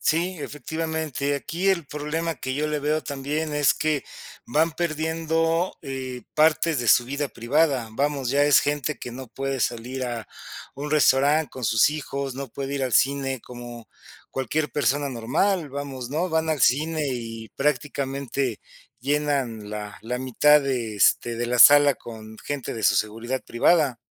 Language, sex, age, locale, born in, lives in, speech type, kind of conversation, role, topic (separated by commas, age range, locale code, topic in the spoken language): Spanish, male, 50-54, es-MX, Mexico, Mexico, conversation, unstructured, none, ¿Crees que la prensa amarilla perjudica a la cultura pop?